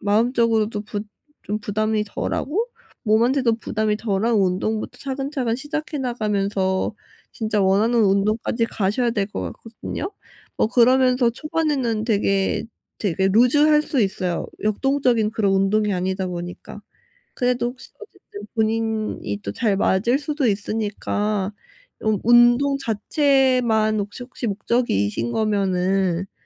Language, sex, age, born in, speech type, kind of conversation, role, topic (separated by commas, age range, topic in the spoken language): Korean, female, 25-29, South Korea, conversation, advice, advisor, 새로운 도전에 직면했을 때 두려움을 어떻게 극복할 수 있을까요?